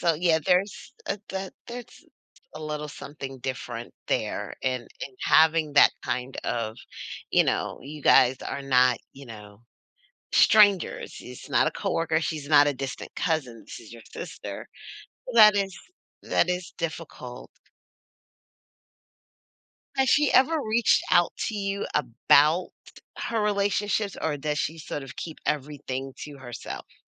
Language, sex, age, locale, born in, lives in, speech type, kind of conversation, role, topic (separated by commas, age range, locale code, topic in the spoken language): English, female, 40-44, en-US, United States, United States, conversation, advice, advisor, How can I resolve a recurring disagreement with my partner?